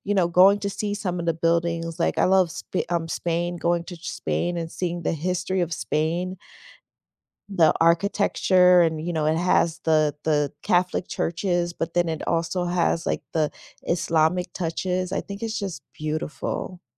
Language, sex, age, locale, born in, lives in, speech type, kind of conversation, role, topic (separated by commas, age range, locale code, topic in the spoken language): English, female, 40-44, en-US, United States, United States, conversation, unstructured, none, Which class surprised you in a good way, and how did it change your perspective?